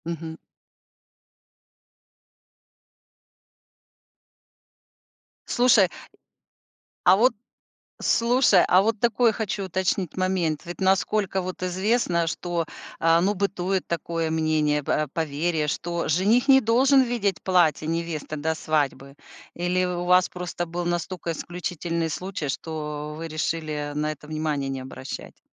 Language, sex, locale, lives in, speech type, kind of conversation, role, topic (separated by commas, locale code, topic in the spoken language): Russian, female, ru-RU, United States, conversation, podcast, host, Какое местное приключение было твоим любимым?